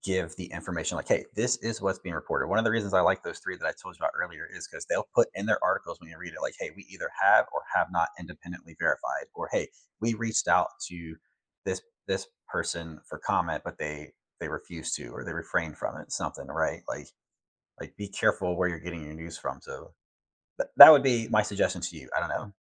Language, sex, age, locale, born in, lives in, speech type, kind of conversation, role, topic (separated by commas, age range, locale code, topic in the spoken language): English, male, 40-44, en-US, United States, United States, conversation, unstructured, none, What are your go-to ways to stay informed about local government and community decisions?